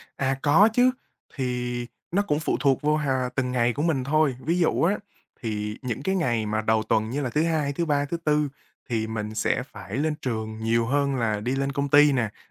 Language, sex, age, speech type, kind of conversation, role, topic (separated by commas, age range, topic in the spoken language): Vietnamese, male, 20-24, conversation, advice, user, Làm sao để duy trì thói quen làm việc sâu mỗi ngày?